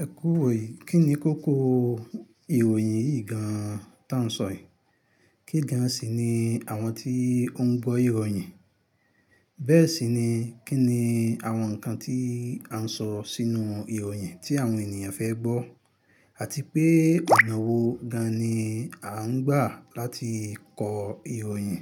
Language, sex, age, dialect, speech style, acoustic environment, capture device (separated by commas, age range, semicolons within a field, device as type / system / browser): Yoruba, male, 25-34, Nigeria - Ibadan, happy, silence, mobile / Linux / Chrome